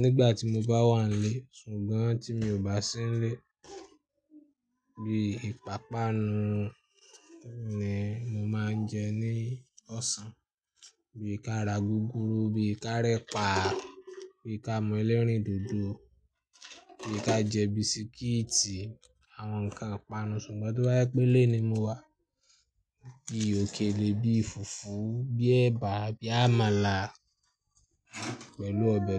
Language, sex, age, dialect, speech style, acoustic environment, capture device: Yoruba, male, 25-34, Nigeria - Ibadan, happy; anxious; nervous; excited, people talking; construction; traffic; music, desktop / Linux / Chrome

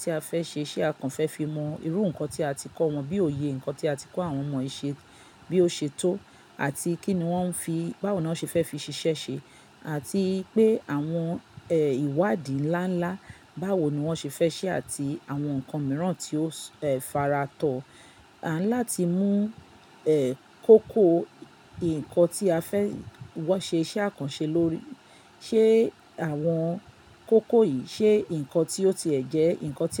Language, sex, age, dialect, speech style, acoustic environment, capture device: Yoruba, female, 45-54, Nigeria - Lagos Yoruba, relaxed, silence, mobile / Linux / Chrome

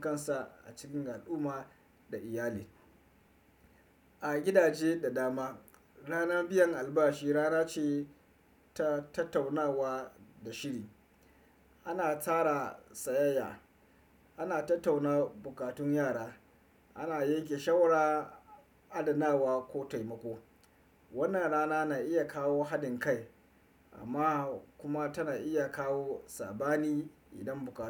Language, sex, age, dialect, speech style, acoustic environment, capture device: Hausa, male, 25-34, Nigeria - Kano, relaxed, nature, desktop / Windows / Chrome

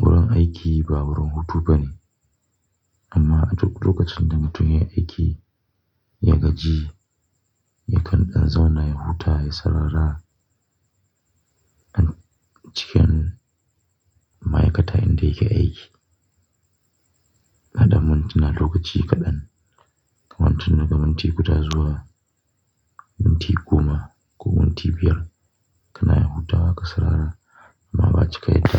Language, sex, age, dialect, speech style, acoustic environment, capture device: Hausa, male, 25-34, Nigeria - Kano, relaxed; focused, nature; silence, mobile / Linux / Chrome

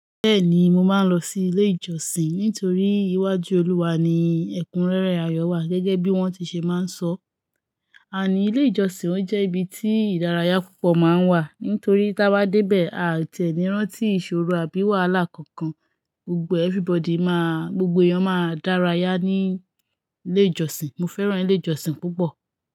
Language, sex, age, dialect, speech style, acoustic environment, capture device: Yoruba, female, 25-34, Nigeria - Lagos Yoruba, focused, nature, mobile / Linux / Chrome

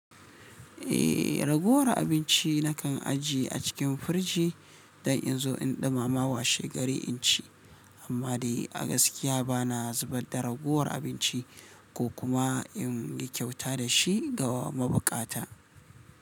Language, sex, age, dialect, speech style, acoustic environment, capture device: Hausa, male, 35-44, Nigeria - Kano, relaxed; excited, silence; nature, mobile / Linux / Chrome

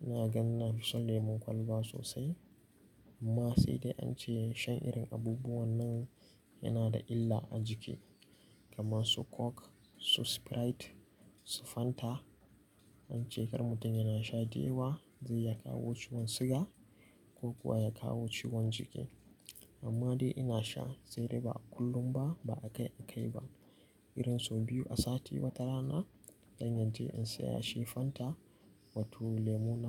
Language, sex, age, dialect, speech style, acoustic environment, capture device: Hausa, male, 18-24, Nigeria - Nigerian Standard, focused; relaxed, nature, mobile / Linux / Chrome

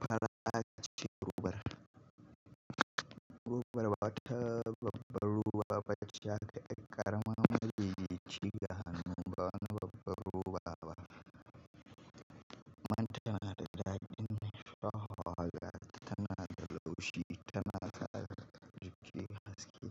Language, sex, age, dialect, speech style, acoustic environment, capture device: Hausa, male, 25-34, Nigeria - Kano, nervous; excited; happy, appliances; nature; construction, mobile / Linux / Chrome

